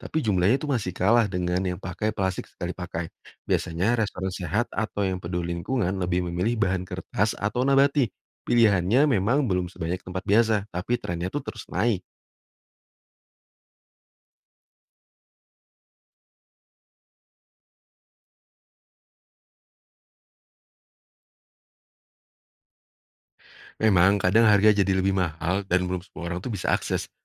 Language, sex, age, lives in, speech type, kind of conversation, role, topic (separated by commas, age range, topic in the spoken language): Indonesian, male, 30-34, Indonesia, read, expert-assistant, expert, Bagaimana cara menyeimbangkan kebutuhan pribadi dengan kepedulian terhadap laut?